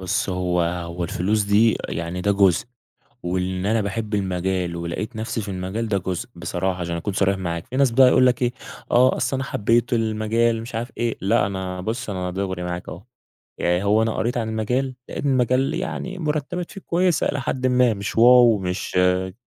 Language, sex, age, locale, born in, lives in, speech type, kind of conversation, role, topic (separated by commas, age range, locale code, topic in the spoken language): Arabic, male, 20-24, ar-EG, Egypt, Egypt, conversation, podcast, guest, إيه اللي بيحمّسك تكمّل تتعلّم طول عمرك؟